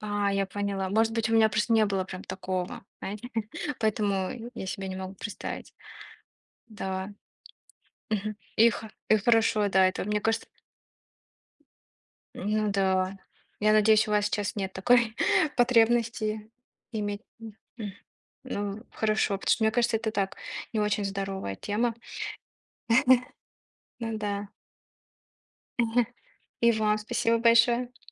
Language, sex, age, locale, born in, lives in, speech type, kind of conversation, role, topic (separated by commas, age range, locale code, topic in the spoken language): Russian, female, 25-29, ru-RU, Russia, United States, conversation, unstructured, none, Какие привычки ты считаешь важными для поддержания хорошего настроения?